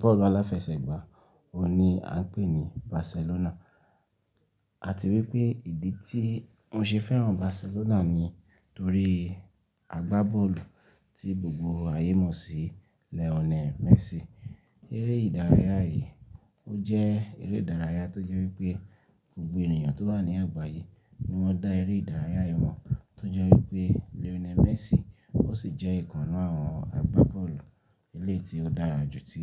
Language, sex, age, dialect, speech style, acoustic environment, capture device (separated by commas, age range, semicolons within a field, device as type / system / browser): Yoruba, male, 25-34, Nigeria - Ibadan, relaxed; happy; focused; excited, silence; nature, desktop / Linux / Chrome